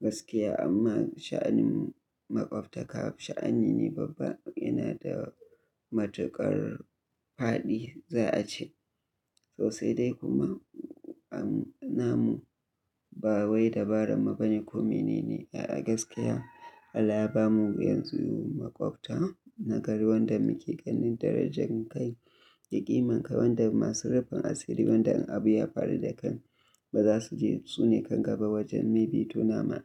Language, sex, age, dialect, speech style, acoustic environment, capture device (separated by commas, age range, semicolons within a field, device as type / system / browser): Hausa, male, 25-34, Nigeria - Kano, happy, nature, mobile / Linux / Chrome